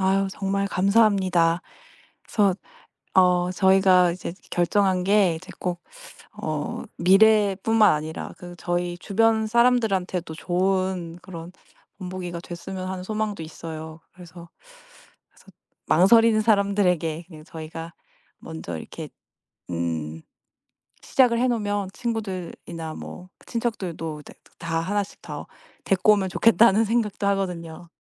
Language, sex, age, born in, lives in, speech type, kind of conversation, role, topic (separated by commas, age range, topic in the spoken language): Korean, female, 35-39, South Korea, United States, conversation, advice, user, 다른 도시나 다른 나라로 이사해 정착할지 고민 중인데, 어떻게 결정하는 게 좋을까요?